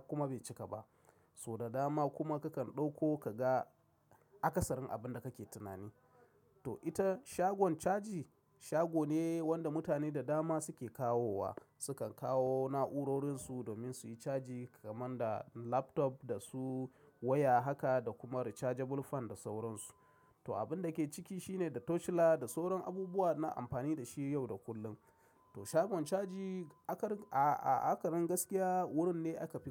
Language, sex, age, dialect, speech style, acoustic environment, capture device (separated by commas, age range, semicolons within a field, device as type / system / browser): Hausa, male, 35-44, Nigeria - Kano, excited, silence, mobile / macOS / Safari